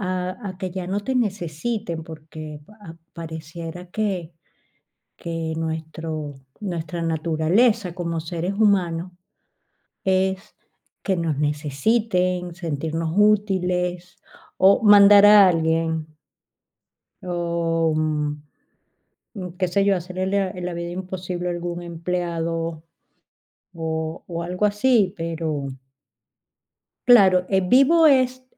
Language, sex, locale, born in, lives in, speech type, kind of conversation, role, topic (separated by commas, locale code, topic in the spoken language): Spanish, female, es-VE, Spain, Spain, conversation, advice, user, ¿Desde que te jubilaste o te retiraste, te has sentido sin rumbo?